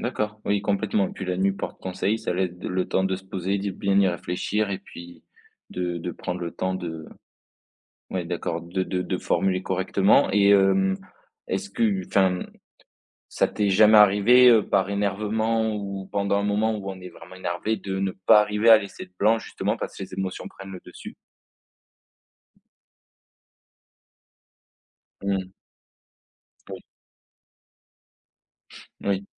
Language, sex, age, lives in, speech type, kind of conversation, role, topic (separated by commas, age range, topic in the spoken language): French, male, 20-24, France, conversation, podcast, host, Quelle place faut-il donner au silence pour bien écouter ?